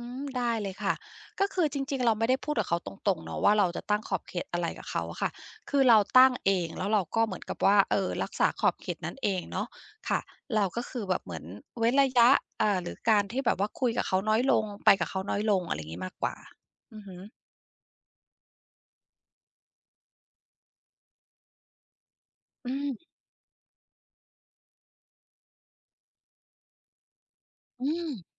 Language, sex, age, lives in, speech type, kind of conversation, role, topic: Thai, female, 50-54, United States, conversation, podcast, guest, คุณช่วยเล่าได้ไหมว่าช่วงไหนที่คุณเริ่มตั้งขอบเขตกับคนอื่นอย่างจริงจัง?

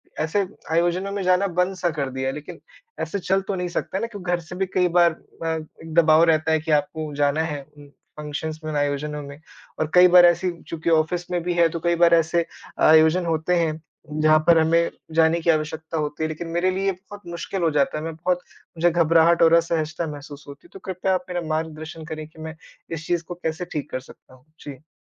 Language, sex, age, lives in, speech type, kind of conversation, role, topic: Hindi, male, 25-29, India, conversation, advice, user, सामाजिक समारोहों में आपको घबराहट और असहजता क्यों महसूस होती है?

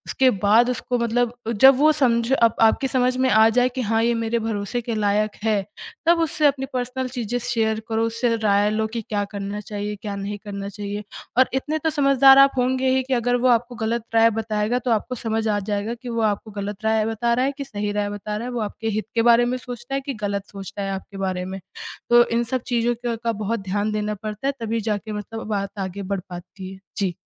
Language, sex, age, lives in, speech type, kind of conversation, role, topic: Hindi, female, 20-24, India, conversation, podcast, guest, Trust tootne ke baad aap communication kaise wapas banaate ho?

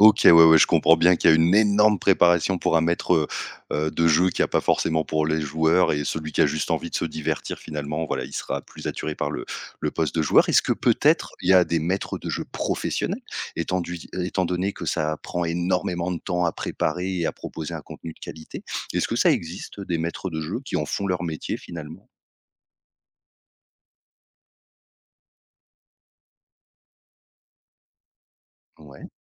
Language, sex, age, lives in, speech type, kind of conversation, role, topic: French, male, 30-34, France, conversation, podcast, host, Comment as-tu commencé à pratiquer ton loisir favori ?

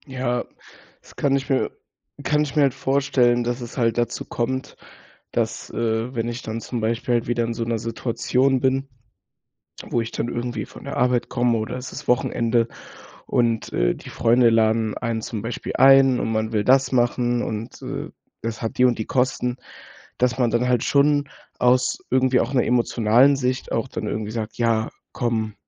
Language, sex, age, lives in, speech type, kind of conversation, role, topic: German, male, 18-19, Germany, conversation, advice, user, Wie kann ich mein Budget sinnvoll zwischen Freizeit und Sparen aufteilen?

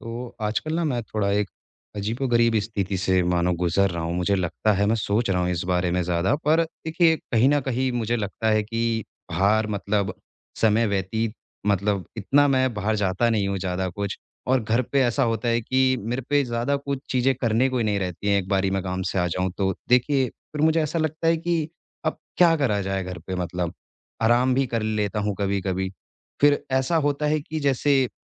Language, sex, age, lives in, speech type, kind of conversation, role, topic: Hindi, male, 25-29, India, conversation, advice, user, मैं घर पर सहज रूप से आराम करना कैसे सीखूँ?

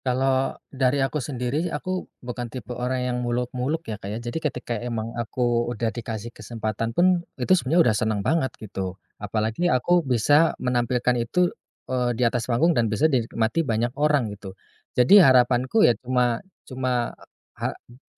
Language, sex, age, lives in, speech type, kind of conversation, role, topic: Indonesian, male, 30-34, Indonesia, conversation, podcast, guest, Bagaimana kamu mengubah pengalaman pribadi menjadi sebuah cerita?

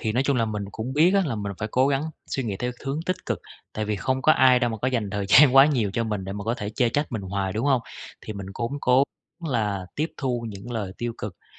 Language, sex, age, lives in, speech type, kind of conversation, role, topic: Vietnamese, male, 30-34, Vietnam, conversation, advice, user, Làm sao tôi có thể vẽ hoặc viết để thể hiện cảm xúc thật của mình?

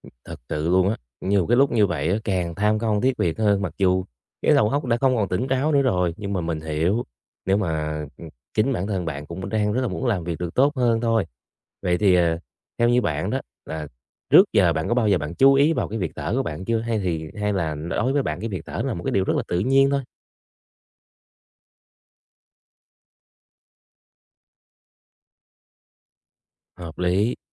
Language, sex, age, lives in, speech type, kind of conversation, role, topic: Vietnamese, male, 20-24, Vietnam, conversation, advice, advisor, Làm thế nào để thở đúng cách giúp giảm căng thẳng?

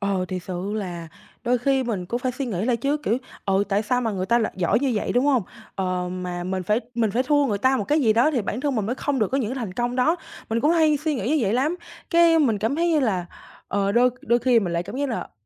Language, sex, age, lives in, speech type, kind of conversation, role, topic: Vietnamese, female, 18-19, Vietnam, conversation, advice, user, Làm sao để bớt tự ti khi hay so sánh bản thân với thành tựu của người khác?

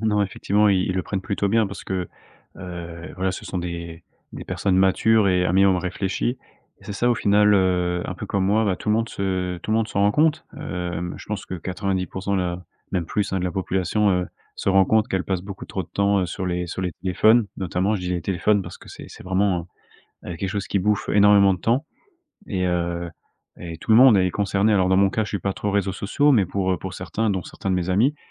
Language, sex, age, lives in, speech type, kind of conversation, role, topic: French, male, 25-29, France, conversation, podcast, guest, Comment gères-tu ton temps d’écran au quotidien ?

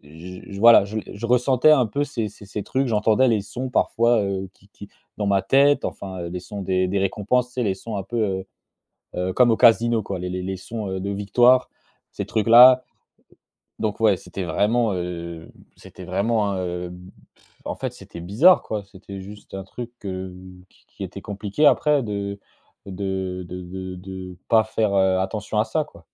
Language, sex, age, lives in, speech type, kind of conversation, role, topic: French, male, 25-29, France, conversation, podcast, guest, As-tu déjà essayé une détox numérique ?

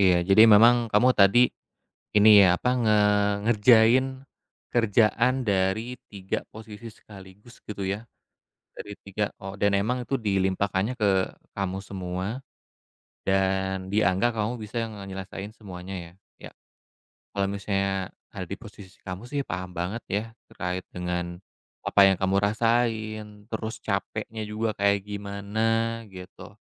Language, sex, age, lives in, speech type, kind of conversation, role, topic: Indonesian, male, 35-39, Indonesia, conversation, advice, advisor, Bagaimana cara mengatasi rasa takut kembali bekerja setelah cuti karena khawatir kelelahan kambuh?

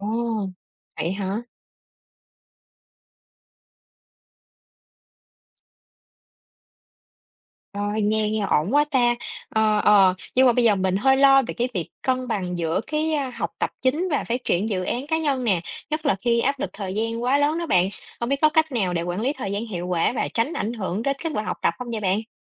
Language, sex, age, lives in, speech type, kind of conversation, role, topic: Vietnamese, female, 30-34, Vietnam, read, expert-assistant, asker, Bạn nghĩ điều gì quan trọng hơn khi chọn nghề: đam mê cá nhân hay sự ổn định trong cuộc sống?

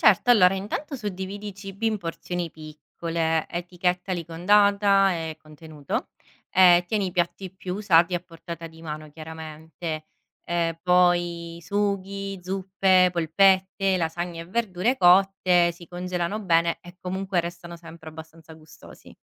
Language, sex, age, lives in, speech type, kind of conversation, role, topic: Italian, female, 30-34, Italy, read, expert-assistant, expert, Quali sono le regole essenziali per conservare e gestire i pasti in sicurezza a casa?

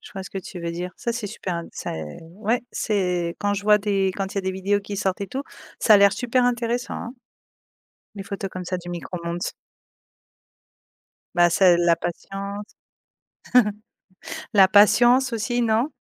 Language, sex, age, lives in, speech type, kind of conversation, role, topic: French, female, 35-39, Spain, conversation, podcast, host, Qu’est-ce qui, selon toi, rend une activité vraiment épanouissante ?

